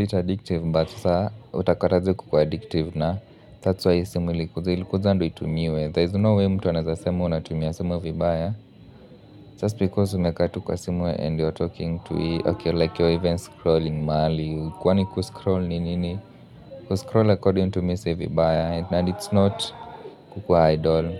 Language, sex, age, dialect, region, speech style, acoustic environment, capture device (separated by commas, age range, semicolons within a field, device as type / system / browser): Swahili, male, 25-34, Kenya - Nairobi Sheng-influenced, Kenya, relaxed, people talking; music, mobile / Linux / Chrome